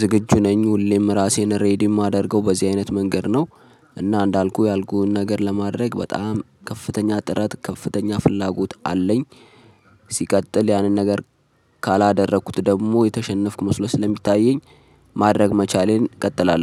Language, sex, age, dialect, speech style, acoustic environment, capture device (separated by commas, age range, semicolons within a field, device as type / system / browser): Amharic, male, 25-34, Ethiopia - Addis Ababa, focused, traffic; silence, mobile / Linux / Chrome